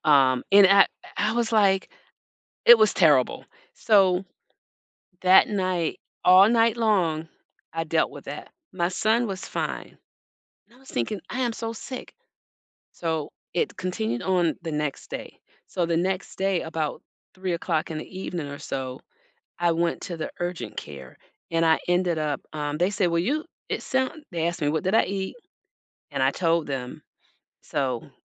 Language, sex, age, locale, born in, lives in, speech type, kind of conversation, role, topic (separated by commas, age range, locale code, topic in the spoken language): English, female, 60-64, en-US, United States, United States, conversation, unstructured, none, How does the fear of foodborne illness affect your eating habits?